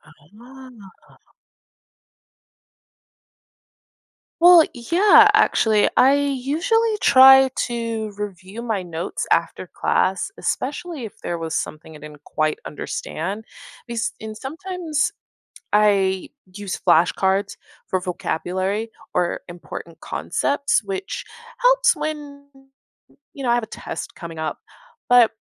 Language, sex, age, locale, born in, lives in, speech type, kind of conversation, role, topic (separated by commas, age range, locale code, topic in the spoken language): English, female, 25-29, en-US, United States, United States, read, expert-assistant, asker, What are some habits that help you stay focused in class?